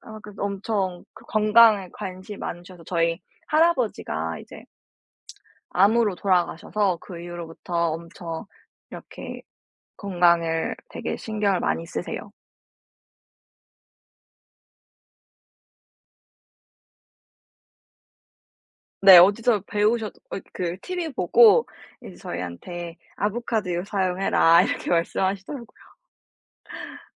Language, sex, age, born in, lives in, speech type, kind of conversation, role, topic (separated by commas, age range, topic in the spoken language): Korean, female, 18-19, South Korea, United States, conversation, podcast, guest, 가족 전통 음식 레시피를 어떻게 전수받았나요?